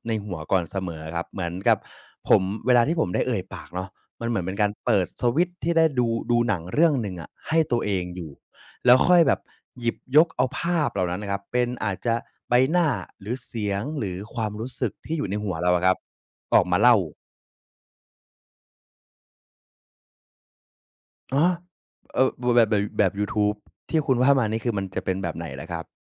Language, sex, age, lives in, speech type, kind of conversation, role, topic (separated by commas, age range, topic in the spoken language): Thai, male, 20-24, Thailand, conversation, podcast, guest, มีเทคนิคในการเล่าเรื่องที่คุณมักใช้เป็นประจำไหม?